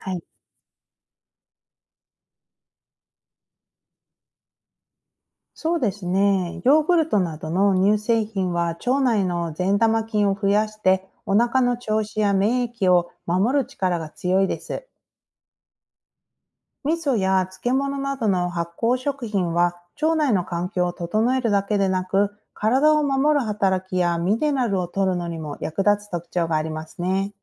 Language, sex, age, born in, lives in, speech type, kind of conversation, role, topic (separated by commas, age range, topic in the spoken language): Japanese, female, 40-44, Japan, United States, read, expert-assistant, expert, なぜ人は年をとるのか